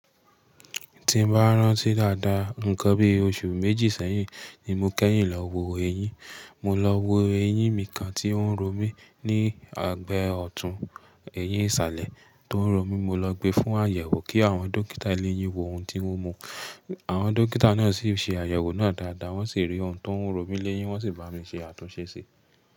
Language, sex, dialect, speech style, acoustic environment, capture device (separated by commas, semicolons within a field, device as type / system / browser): Yoruba, male, Nigeria - Nigerian Standard, relaxed, silence, mobile / Linux / Chrome